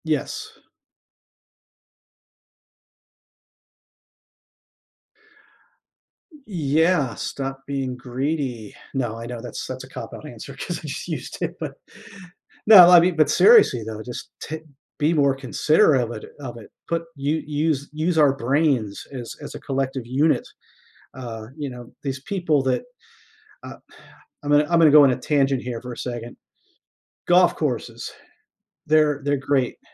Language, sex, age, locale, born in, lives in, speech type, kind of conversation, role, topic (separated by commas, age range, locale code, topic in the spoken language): English, male, 50-54, en-US, United States, United States, conversation, podcast, guest, How does deforestation impact the health of our planet and its ecosystems?